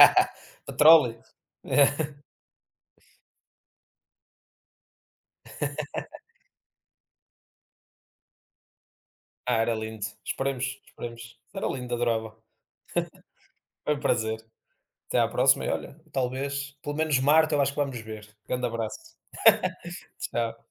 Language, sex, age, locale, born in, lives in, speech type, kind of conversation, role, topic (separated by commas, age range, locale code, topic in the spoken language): Portuguese, male, 30-34, pt-PT, Portugal, Portugal, conversation, unstructured, none, O que mais te surpreende no universo?